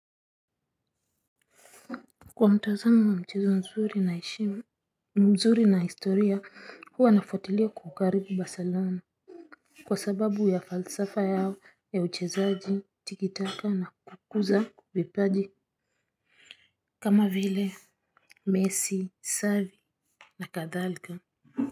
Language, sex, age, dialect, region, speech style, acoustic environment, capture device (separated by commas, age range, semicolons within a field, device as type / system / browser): Swahili, female, 35-44, Kenya - Nairobi Sheng-influenced, Kenya, focused, silence, mobile / Linux / Chrome